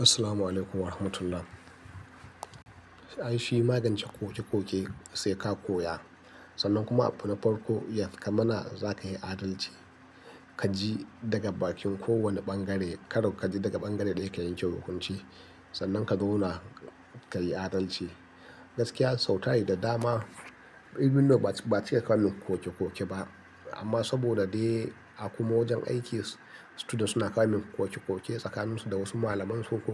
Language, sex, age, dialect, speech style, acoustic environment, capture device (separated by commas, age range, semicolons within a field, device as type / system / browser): Hausa, male, 35-44, Nigeria - Nigerian Standard, happy, nature, mobile / Linux / Chrome